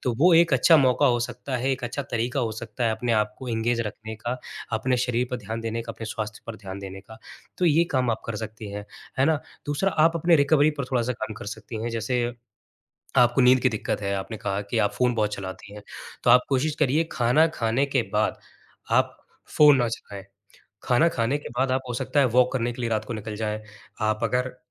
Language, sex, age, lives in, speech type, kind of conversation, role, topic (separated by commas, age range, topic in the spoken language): Hindi, male, 25-29, India, conversation, advice, advisor, मेरे व्यायाम कार्यक्रम का परिणाम क्यों नहीं दिख रहा है?